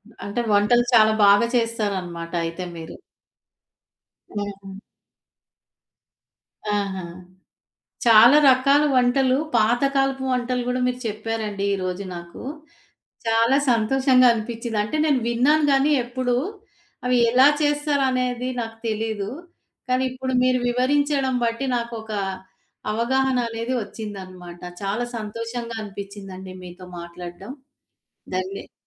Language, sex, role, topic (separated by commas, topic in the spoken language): Telugu, female, host, పండుగ రోజుల్లో మీకు అత్యంత ఇష్టమైన వంటకం ఏది?